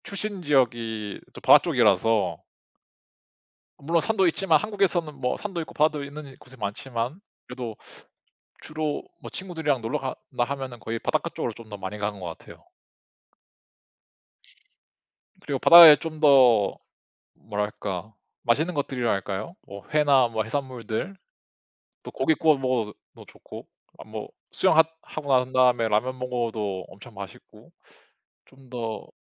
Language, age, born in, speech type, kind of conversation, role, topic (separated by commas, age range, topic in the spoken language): Korean, 30-34, South Korea, conversation, unstructured, none, 바다와 산 중 어디에서 더 휴식을 취하고 싶으신가요?